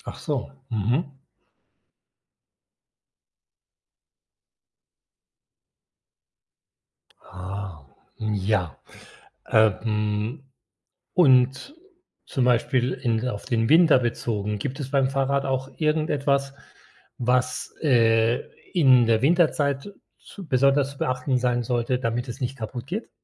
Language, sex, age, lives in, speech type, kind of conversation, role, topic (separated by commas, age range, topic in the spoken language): German, male, 60-64, Spain, read, expert-assistant, asker, Wie funktioniert ein Fahrrad?